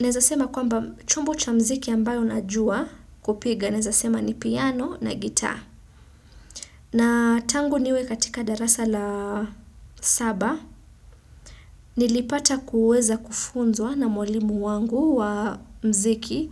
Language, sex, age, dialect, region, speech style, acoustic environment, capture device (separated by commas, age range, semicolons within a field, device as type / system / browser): Swahili, female, 25-34, Kenya - Mombasa, Kenya, excited; focused, silence, desktop / Windows / Chrome